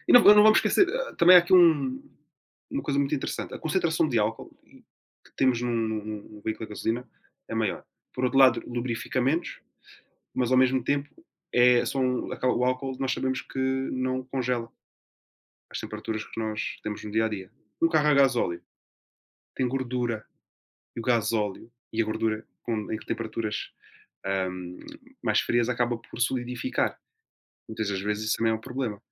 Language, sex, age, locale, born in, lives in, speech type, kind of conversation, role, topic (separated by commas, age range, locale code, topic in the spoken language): Portuguese, male, 20-24, pt-PT, Portugal, Portugal, conversation, unstructured, none, O que você acha de viajar de carro em vez de avião?